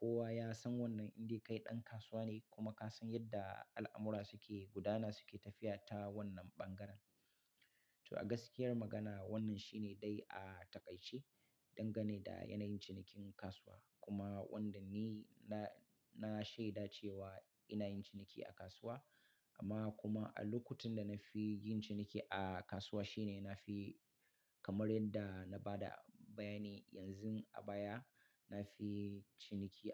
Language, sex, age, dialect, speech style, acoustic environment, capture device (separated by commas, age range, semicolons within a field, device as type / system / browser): Hausa, male, 35-44, Nigeria - Katsina, relaxed; excited; happy; focused, nature; silence, mobile / Linux / Chrome